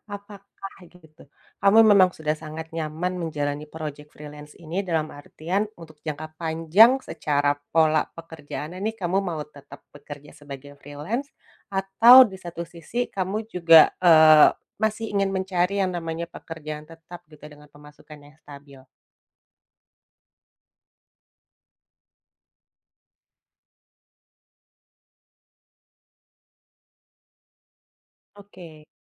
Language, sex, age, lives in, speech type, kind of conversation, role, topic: Indonesian, female, 35-39, Indonesia, conversation, advice, advisor, Bagaimana cara menghadapi ketidakpastian dan perubahan dalam hidup?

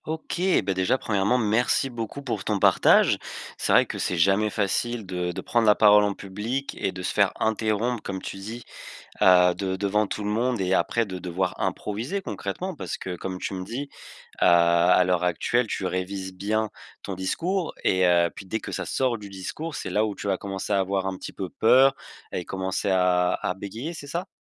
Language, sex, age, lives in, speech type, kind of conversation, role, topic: French, male, 20-24, France, conversation, advice, advisor, Comment puis-je oser prendre la parole plus souvent en groupe ?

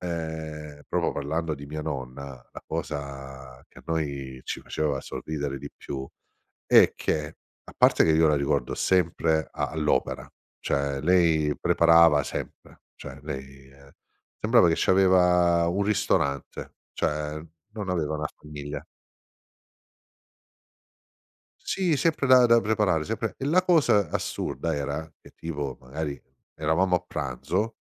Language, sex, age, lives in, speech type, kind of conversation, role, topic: Italian, male, 50-54, Italy, conversation, podcast, guest, Quale cibo ti fa sentire a casa quando sei lontano?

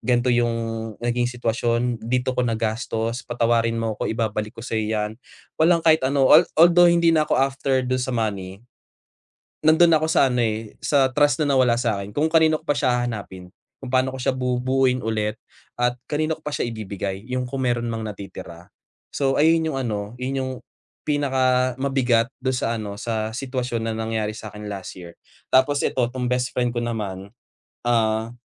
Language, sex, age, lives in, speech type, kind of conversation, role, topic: Filipino, male, 25-29, Philippines, conversation, advice, user, Paano ko mapapalakas ang loob ko para harapin ang takot at alinlangan sa mundo ngayon?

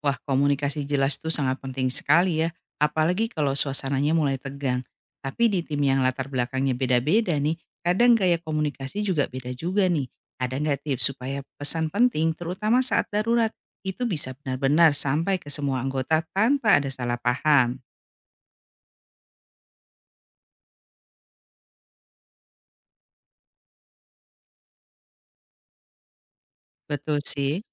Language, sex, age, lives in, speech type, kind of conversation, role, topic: Indonesian, female, 45-49, Indonesia, read, expert-assistant, asker, Bagaimana cara mengatur kerja tim saat melakukan penelitian biota laut di perairan yang luas?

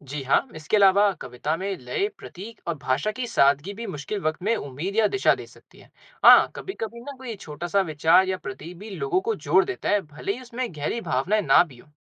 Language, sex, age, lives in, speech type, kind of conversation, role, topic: Hindi, male, 20-24, India, read, expert-assistant, expert, क्या आपको कभी किसी कविता ने अपने जीवन के लक्ष्य तय करने या आगे बढ़ने की हिम्मत दी है? अगर हाँ, तो कौन सी कविता थी और उसने आपको कैसे प्रेरित किया?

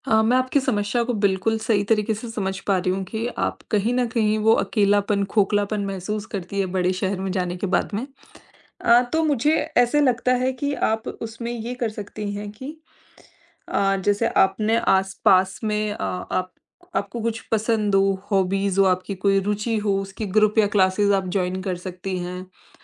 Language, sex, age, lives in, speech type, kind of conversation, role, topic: Hindi, female, 25-29, India, conversation, advice, advisor, नए शहर में अकेलापन और दोस्त बनाने में परेशानी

